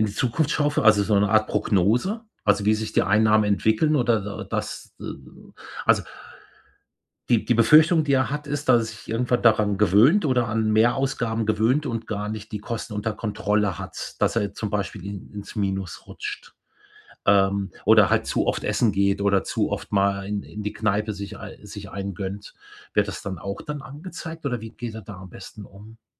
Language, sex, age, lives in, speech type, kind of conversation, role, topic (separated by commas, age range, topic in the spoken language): German, male, 55-59, Germany, conversation, advice, user, Wie kann ich beim Umzug ein realistisches Budget planen und die Kosten im Griff behalten?